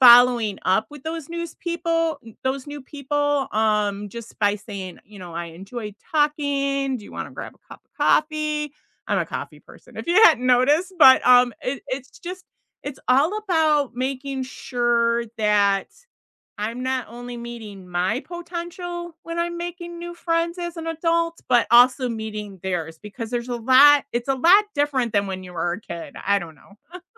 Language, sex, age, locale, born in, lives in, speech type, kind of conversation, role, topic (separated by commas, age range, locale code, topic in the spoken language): English, female, 55-59, en-US, United States, United States, conversation, unstructured, none, What is a good way to make new friends as an adult?